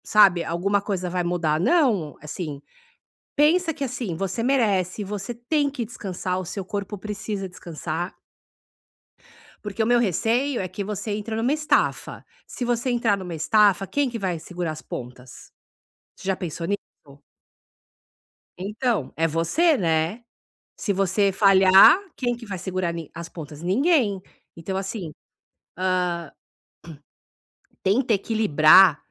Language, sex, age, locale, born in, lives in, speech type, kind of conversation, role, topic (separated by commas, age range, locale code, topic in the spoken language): Portuguese, female, 50-54, pt-BR, Brazil, United States, conversation, advice, advisor, Como posso fazer pausas sem sentir culpa ou ansiedade?